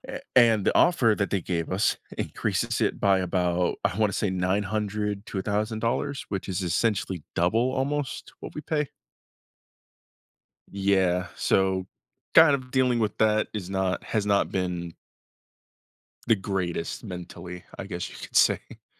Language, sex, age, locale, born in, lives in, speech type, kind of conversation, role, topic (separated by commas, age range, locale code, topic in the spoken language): English, male, 25-29, en-US, United States, United States, conversation, advice, user, How can I reduce stress and get control of my bills and finances?